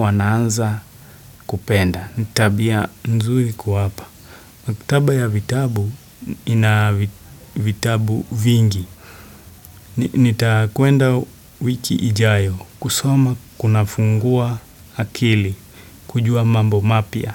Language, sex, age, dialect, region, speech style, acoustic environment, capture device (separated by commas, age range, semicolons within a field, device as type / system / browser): Swahili, male, 18-24, Kenya - Nairobi Sheng-influenced, Kenya, happy, silence, desktop / Windows / Chrome